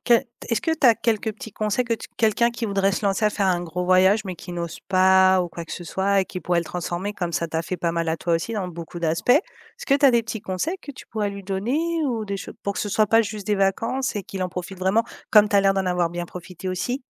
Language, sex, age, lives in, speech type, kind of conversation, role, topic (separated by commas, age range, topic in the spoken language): French, female, 35-39, Spain, conversation, podcast, host, Peux-tu parler d’un voyage qui t’a transformé ?